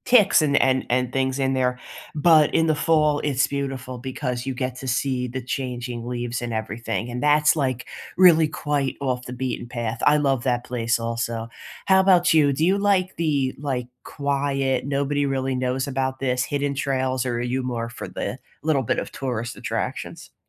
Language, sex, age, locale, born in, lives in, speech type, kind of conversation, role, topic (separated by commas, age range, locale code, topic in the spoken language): English, female, 30-34, en-US, United States, United States, conversation, unstructured, none, What are your favorite scenic walking or biking routes around here?